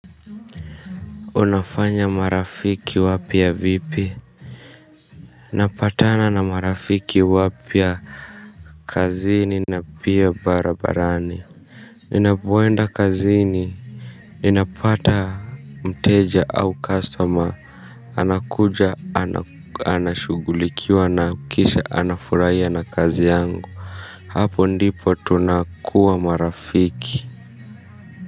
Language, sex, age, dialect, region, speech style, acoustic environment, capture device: Swahili, male, 25-34, Kenya - Nairobi Sheng-influenced, Kenya, tired; stressed; nervous, people talking; music, mobile / Linux / Chrome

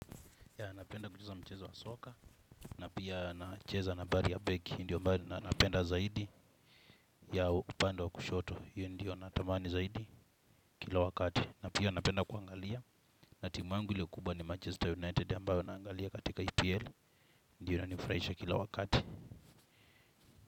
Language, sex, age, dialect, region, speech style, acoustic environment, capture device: Swahili, male, 25-34, Kenya - Mombasa, Kenya, relaxed; happy, silence, desktop / Windows / Chrome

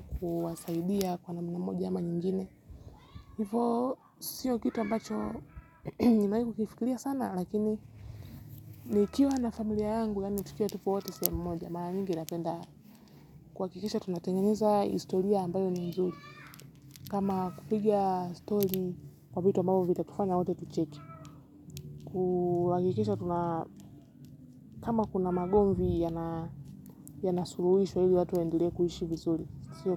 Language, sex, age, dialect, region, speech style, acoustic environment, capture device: Swahili, female, 18-24, Kenya - Nairobi Sheng-influenced, Kenya, focused, nature, mobile / Linux / Chrome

